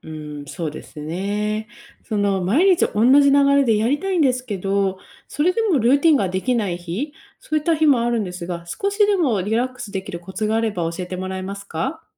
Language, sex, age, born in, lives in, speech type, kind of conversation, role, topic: Japanese, female, 30-34, Japan, United States, read, expert-assistant, asker, 質の良い睡眠を得るために、体内時計やホルモン分泌をうまく利用する方法は？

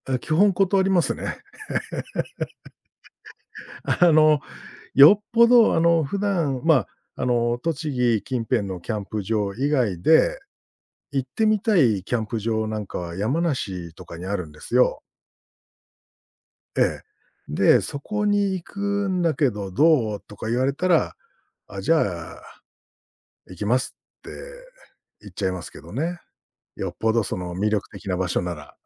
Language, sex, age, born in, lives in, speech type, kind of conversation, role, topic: Japanese, male, 45-49, Japan, Japan, conversation, podcast, guest, 趣味を通して得た自信や成長について、どんなエピソードがありますか？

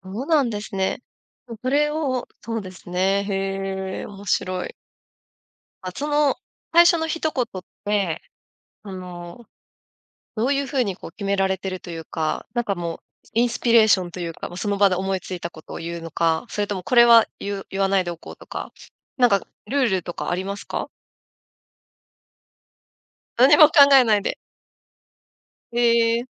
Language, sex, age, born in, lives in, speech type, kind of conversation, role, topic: Japanese, female, 35-39, Japan, Japan, conversation, podcast, host, 初対面で会話を切り出すとき、どんなふうに始めていますか？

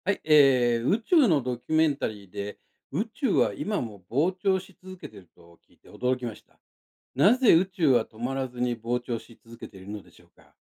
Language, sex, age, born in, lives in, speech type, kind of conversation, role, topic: Japanese, male, 60-64, Japan, Japan, read, expert-assistant, asker, なぜ宇宙は膨張し続けているのでしょうか？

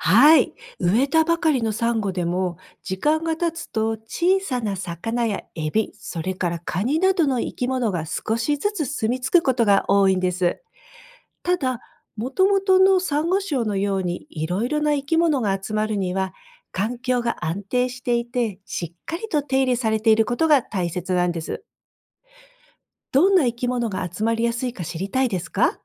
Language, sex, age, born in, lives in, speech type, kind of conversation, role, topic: Japanese, female, 55-59, Japan, United States, read, expert-assistant, expert, サンゴ礁が成長する仕組みとは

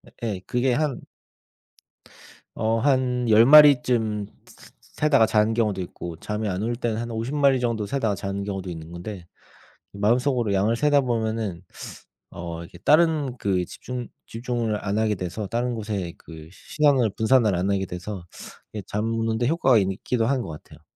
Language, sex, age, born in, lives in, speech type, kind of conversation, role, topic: Korean, male, 30-34, South Korea, Germany, conversation, unstructured, none, 좋은 잠을 자기 위해 어떤 습관을 들이면 좋을까요?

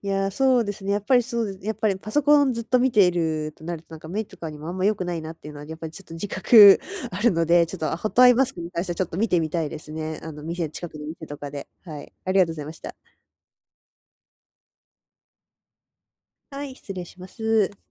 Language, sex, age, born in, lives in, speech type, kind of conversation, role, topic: Japanese, female, 20-24, Japan, Japan, conversation, advice, user, 疲れが取れず集中力が続かないとき、どうすれば効果的に回復して休めますか？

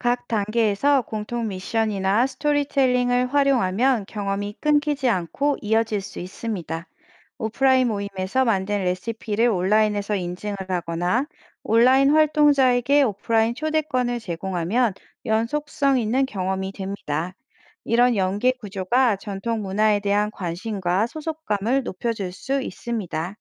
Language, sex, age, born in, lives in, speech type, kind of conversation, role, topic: Korean, female, 40-44, South Korea, France, read, expert-assistant, expert, 요즘 젊은 세대가 전통 문화를 자연스럽게 받아들이게 하려면 어떤 새로운 방법이 있을까요?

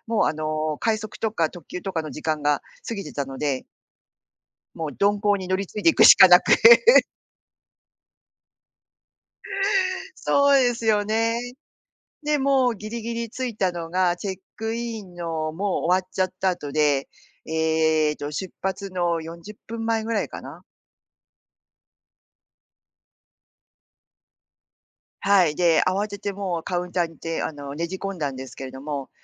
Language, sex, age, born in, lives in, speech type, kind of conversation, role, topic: Japanese, female, 50-54, Japan, Japan, conversation, podcast, guest, 失敗から学んだ最も大きなことは何ですか？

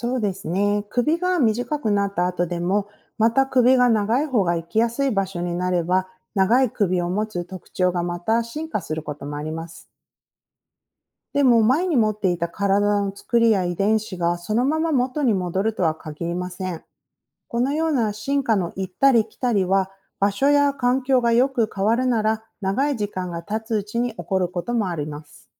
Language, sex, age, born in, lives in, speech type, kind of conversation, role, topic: Japanese, female, 40-44, Japan, United States, read, expert-assistant, expert, なぜキリンの首は長いのでしょうか？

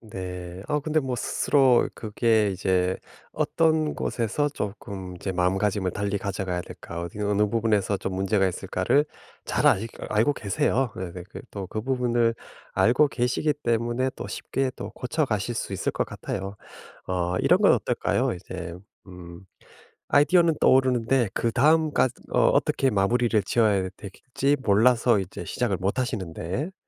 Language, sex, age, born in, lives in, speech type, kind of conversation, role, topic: Korean, male, 50-54, South Korea, United States, conversation, advice, advisor, 내적 동기를 꾸준히 유지하는 방법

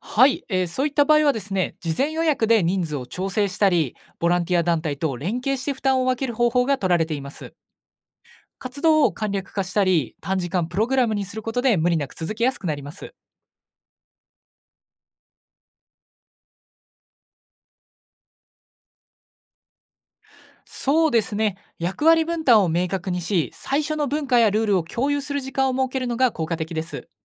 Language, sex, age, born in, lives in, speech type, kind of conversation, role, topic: Japanese, male, 20-24, Japan, Japan, read, expert-assistant, expert, 観光客が増えても地域の文化を守るために、どんな工夫ができると思いますか？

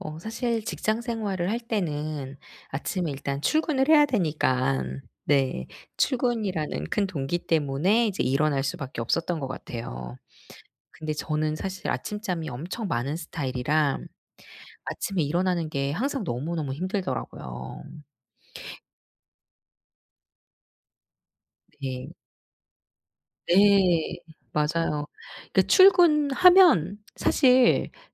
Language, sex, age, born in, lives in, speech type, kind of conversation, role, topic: Korean, female, 40-44, South Korea, South Korea, conversation, podcast, guest, 아침에 일어나게 만드는 가장 큰 동기는 무엇인가요?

